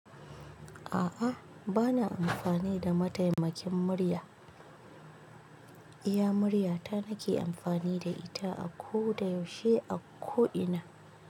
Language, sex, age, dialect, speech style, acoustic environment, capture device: Hausa, female, 25-34, Nigeria - Kano, relaxed; excited; focused; anxious; happy, nature; silence, mobile / Linux / Chrome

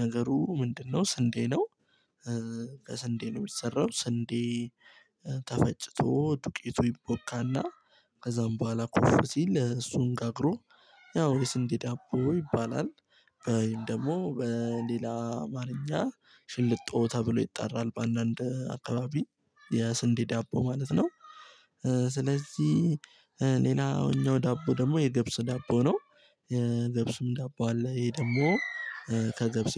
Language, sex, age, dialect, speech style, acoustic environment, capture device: Amharic, male, 18-24, Ethiopia - Addis Ababa, excited, silence, mobile / Linux / Chrome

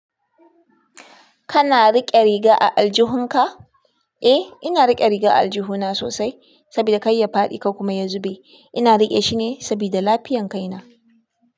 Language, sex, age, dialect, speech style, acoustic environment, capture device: Hausa, female, 25-34, Nigeria - Kano, anxious; nervous, people talking; appliances, desktop / Linux / Chrome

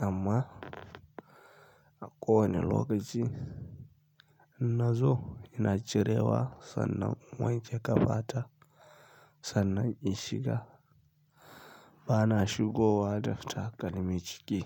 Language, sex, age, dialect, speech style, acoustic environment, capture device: Hausa, male, 25-34, Nigeria - Kano, happy; anxious; tired; relaxed; stressed; focused; excited; nervous, people talking; music; nature; silence; traffic; construction; appliances, mobile / Linux / Chrome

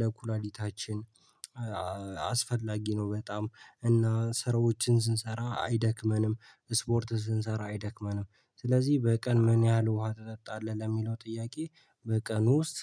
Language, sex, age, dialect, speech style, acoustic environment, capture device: Amharic, male, 18-24, Ethiopia - Addis Ababa, happy, people talking, mobile / Linux / Chrome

